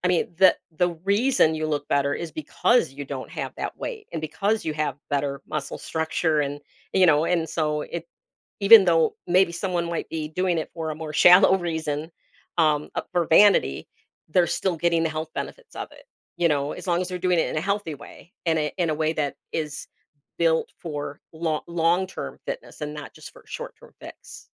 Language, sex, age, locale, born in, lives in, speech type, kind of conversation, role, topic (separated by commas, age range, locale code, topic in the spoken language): English, female, 55-59, en-US, United States, United States, conversation, podcast, host, How can regular physical activity support our mental well-being?